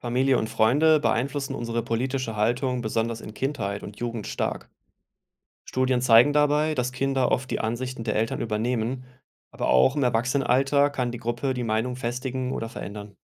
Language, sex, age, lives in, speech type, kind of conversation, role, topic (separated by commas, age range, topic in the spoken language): German, male, 35-39, Germany, read, expert-assistant, expert, Wie entstehen und verändern sich politische Meinungen durch Persönlichkeit, Umfeld und Medien?